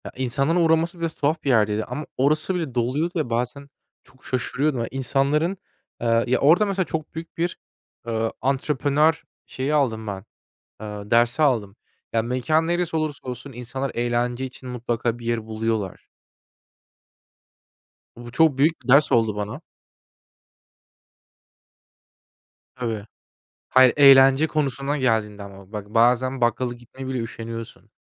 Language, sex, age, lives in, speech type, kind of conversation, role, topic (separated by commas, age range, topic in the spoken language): Turkish, male, 25-29, Germany, conversation, unstructured, none, Hangisi daha eğlenceli: Masa oyunları mı yoksa video oyunları mı?